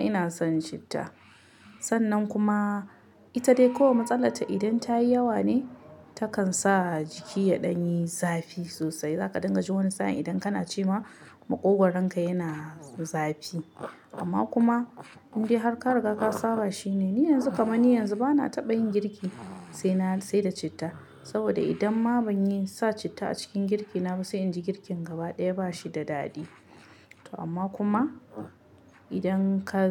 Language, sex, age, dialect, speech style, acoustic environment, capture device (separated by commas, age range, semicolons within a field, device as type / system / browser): Hausa, female, 25-34, Nigeria - Kano, happy, silence, mobile / Linux / Chrome